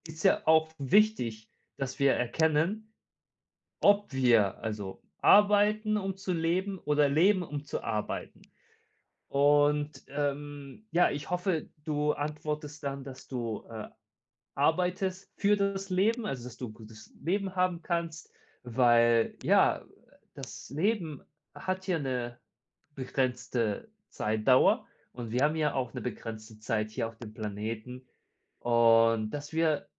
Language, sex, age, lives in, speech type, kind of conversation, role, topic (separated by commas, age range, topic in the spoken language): German, male, 30-34, Germany, conversation, advice, advisor, Wie kann ich trotz Zeitmangel wieder regelmäßig meinen Hobbys nachgehen?